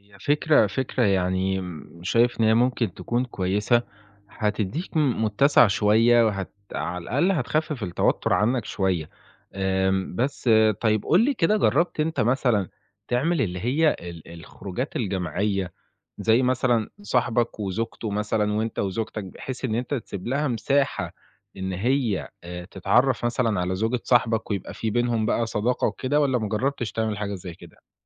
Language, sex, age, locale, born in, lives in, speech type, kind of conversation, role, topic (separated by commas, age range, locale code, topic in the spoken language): Arabic, male, 20-24, ar-EG, Egypt, Italy, conversation, advice, advisor, إزاي أقدر أوفّق وقتي بين شريكي وصحابي من غير ما أحس بضغط أو ذنب؟